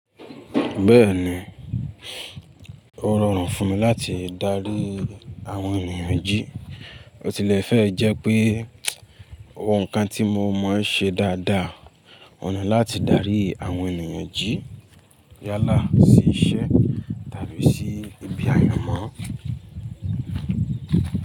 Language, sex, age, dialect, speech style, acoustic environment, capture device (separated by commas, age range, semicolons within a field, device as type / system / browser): Yoruba, male, 25-34, Nigeria - Ibadan, happy, silence, mobile / Linux / Chrome